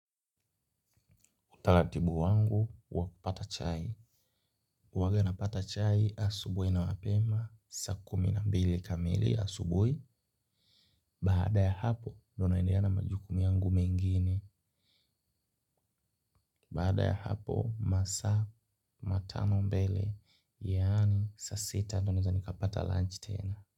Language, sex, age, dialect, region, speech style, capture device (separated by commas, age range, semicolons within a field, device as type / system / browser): Swahili, male, 18-24, Kenya - Nairobi Sheng-influenced, Kenya, happy, mobile / Linux / Chrome